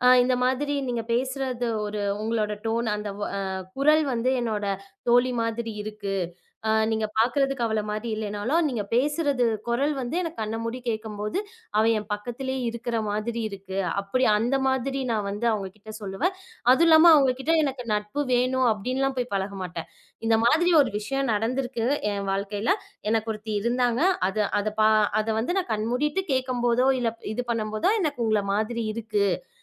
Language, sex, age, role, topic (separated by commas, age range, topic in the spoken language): Tamil, female, 25-29, guest, புதிய இடத்திற்கு சென்றபோது புதிய நண்பர்களை உருவாக்க நீங்கள் என்ன செய்வீர்கள்?